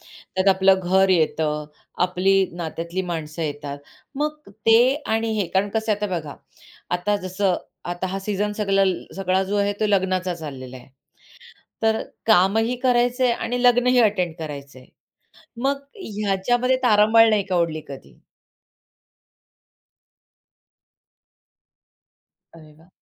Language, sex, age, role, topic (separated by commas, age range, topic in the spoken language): Marathi, female, 45-49, host, तुमच्या कार्यालयातील कामाची संस्कृती कशी आहे?